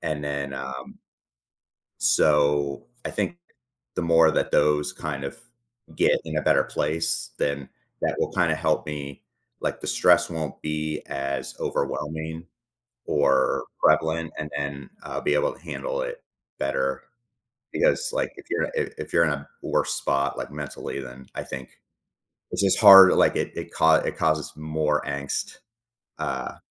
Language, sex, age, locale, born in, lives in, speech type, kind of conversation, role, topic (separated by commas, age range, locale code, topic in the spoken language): English, male, 40-44, en-US, United States, United States, conversation, advice, user, How can I manage daily stress from responsibilities?